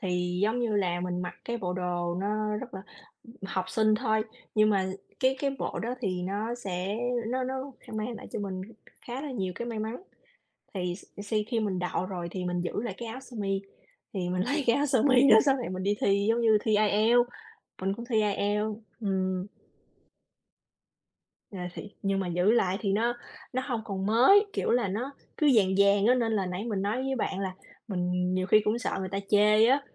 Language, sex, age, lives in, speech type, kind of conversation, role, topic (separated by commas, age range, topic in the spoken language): Vietnamese, female, 35-39, United States, conversation, unstructured, none, Phong cách thời trang yêu thích của bạn là gì?